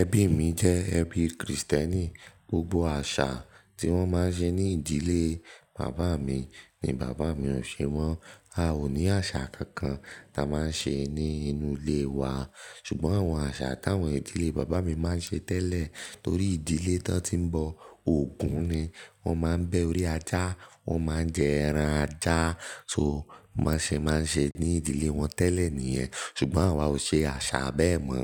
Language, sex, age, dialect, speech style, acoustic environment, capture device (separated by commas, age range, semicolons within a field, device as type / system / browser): Yoruba, male, 18-24, Nigeria - Nigerian Standard, focused; relaxed, nature, mobile / Linux / Chrome